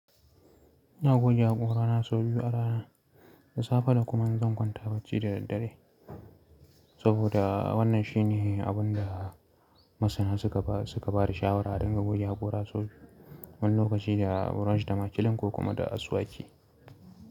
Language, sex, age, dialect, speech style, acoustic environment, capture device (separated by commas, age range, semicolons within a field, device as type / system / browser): Hausa, male, 25-34, Nigeria - Kano, relaxed, silence, mobile / Linux / Chrome